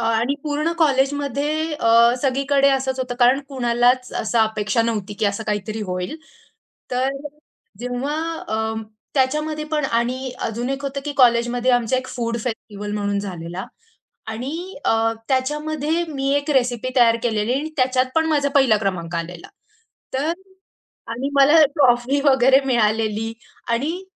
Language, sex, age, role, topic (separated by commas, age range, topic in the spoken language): Marathi, female, 25-29, guest, तुम्ही कुटुंबाकडून मिळणारा आधार कसा अनुभवला आहे?